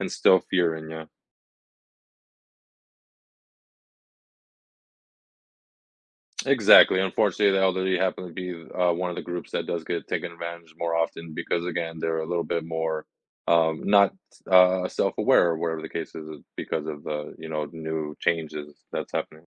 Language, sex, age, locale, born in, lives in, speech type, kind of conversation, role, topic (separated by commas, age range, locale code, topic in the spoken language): English, male, 25-29, en-US, United States, United States, conversation, advice, advisor, How do I keep my personal data safe online?